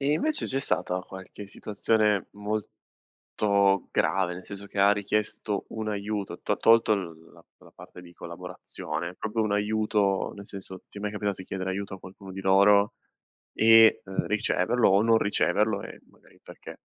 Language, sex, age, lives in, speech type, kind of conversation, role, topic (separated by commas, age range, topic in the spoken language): Italian, male, 25-29, Italy, conversation, podcast, host, Cosa significa per te la “famiglia scelta” e come si costruisce?